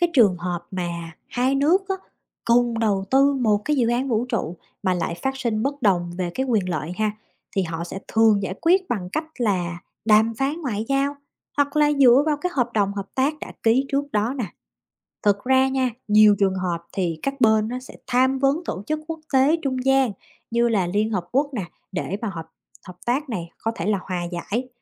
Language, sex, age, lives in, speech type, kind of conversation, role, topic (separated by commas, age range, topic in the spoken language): Vietnamese, female, 30-34, United States, read, expert-assistant, expert, Đầu tư vào dự án vũ trụ có thực sự xứng đáng không?